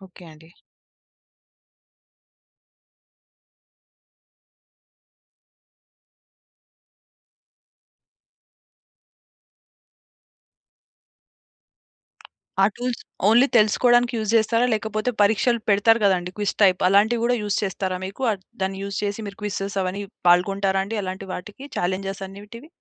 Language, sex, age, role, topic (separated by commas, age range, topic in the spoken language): Telugu, female, 25-29, host, కొత్త నైపుణ్యాన్ని నేర్చుకోవడం మొదలుపెట్టేటప్పుడు మీరు ముందుగా ఏం చేస్తారు?